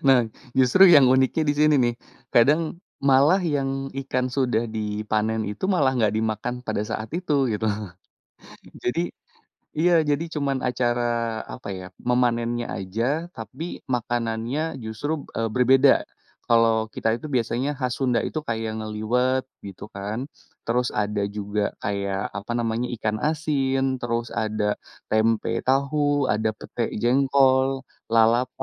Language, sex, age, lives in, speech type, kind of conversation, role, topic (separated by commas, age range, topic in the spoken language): Indonesian, male, 30-34, Indonesia, conversation, podcast, guest, Bagaimana tradisi makan saat perayaan besar di kampung halamanmu?